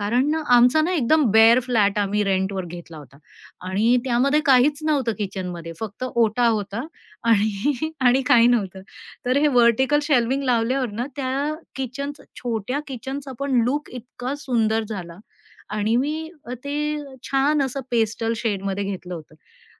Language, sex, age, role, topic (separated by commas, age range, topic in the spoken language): Marathi, female, 45-49, guest, लहान घरात जागा कशी वाढवता?